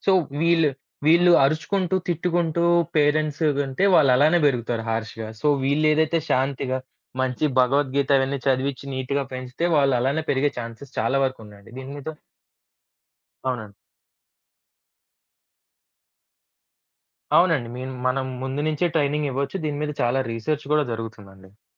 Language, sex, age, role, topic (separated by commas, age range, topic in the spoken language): Telugu, male, 25-29, guest, పిల్లలు పెరిగేకొద్దీ వాళ్లతో అనుసంధానం కోల్పోకుండా ఉండేందుకు మీరు ఏ చిట్కాలు పాటిస్తారు?